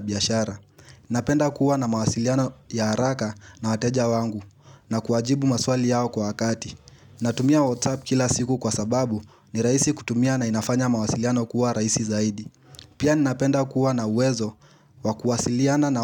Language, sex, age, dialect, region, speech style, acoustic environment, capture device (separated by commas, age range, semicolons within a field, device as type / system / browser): Swahili, male, 18-24, Kenya - Nairobi Sheng-influenced, Kenya, happy; relaxed; focused; excited, nature, mobile / Linux / Chrome